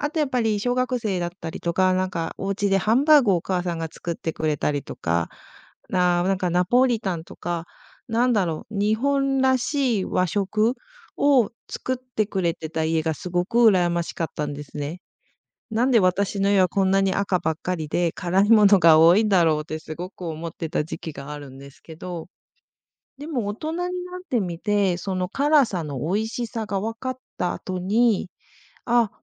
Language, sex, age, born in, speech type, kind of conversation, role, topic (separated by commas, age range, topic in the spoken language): Japanese, female, 40-44, Japan, conversation, podcast, guest, 親から受け継いだ味はありますか？